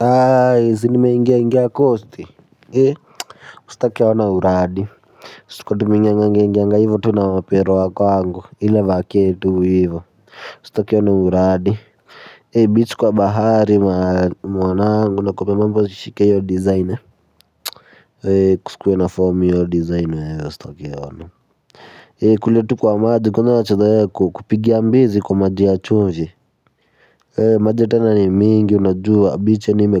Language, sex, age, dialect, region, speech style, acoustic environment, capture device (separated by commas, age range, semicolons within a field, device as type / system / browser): Swahili, male, 18-24, Kenya - Nairobi Sheng-influenced, Kenya, happy, silence, mobile / Linux / Chrome